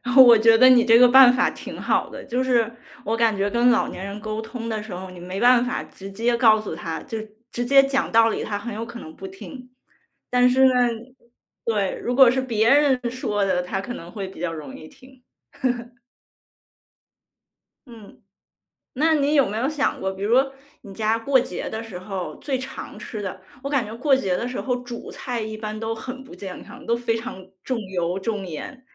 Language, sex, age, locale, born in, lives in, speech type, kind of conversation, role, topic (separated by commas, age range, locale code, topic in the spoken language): Chinese, female, 30-34, zh-CN, China, United States, conversation, podcast, host, 节庆期间你会如何照顾长辈的饮食？